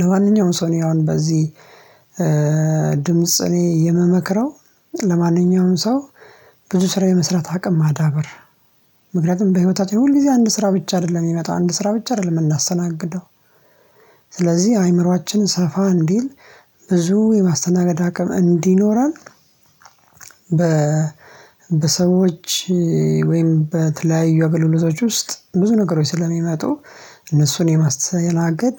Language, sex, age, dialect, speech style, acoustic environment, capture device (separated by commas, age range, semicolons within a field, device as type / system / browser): Amharic, male, 25-34, Ethiopia - Addis Ababa, happy; relaxed, silence, mobile / Linux / Chrome